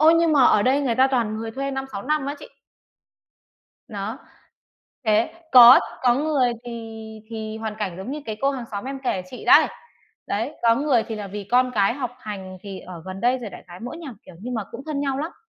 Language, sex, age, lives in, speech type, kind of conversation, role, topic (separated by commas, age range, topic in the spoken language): Vietnamese, female, 30-34, Vietnam, conversation, unstructured, none, Điều gì khiến bạn cảm thấy gắn bó với cộng đồng nơi bạn sống?